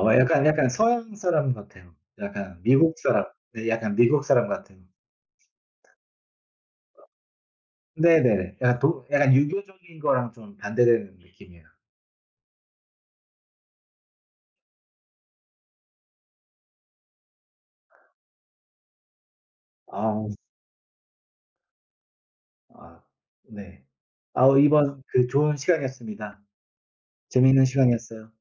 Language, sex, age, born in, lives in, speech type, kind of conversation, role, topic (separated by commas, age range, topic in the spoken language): Korean, male, 30-34, South Korea, Germany, conversation, unstructured, none, 누군가에게 상처받았을 때 마음을 치유하는 방법에는 어떤 것들이 있을까요?